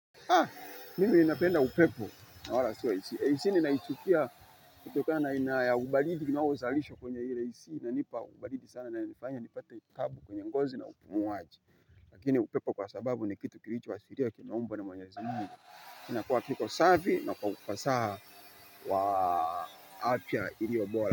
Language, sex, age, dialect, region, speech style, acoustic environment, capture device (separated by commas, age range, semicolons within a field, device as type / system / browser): Swahili, male, 25-34, Tanzania - Dar es Salaam, Tanzania, happy, people talking, mobile / Linux / Chrome